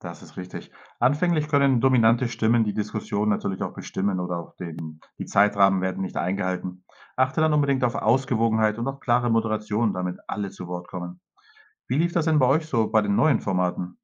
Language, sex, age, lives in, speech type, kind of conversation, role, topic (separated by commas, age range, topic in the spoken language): German, male, 45-49, Spain, read, expert-assistant, expert, Wie kann ich kulturelle Missverständnisse im internationalen Team vermeiden und produktiv lösen?